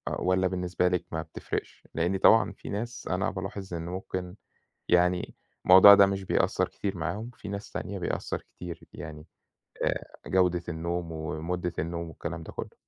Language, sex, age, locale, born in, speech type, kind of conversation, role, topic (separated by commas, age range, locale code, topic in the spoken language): Arabic, male, 30-34, ar-EG, Egypt, conversation, unstructured, none, إزاي عادات نومك بتأثر على يومك؟